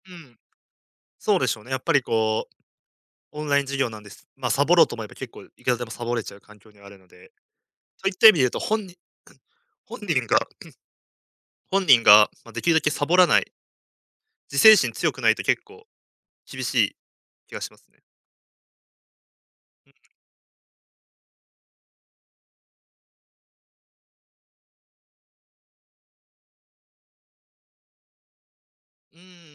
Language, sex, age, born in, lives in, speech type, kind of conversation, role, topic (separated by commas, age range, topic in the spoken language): Japanese, male, 20-24, Japan, Japan, conversation, podcast, guest, オンライン学習の良い点と困る点は何ですか？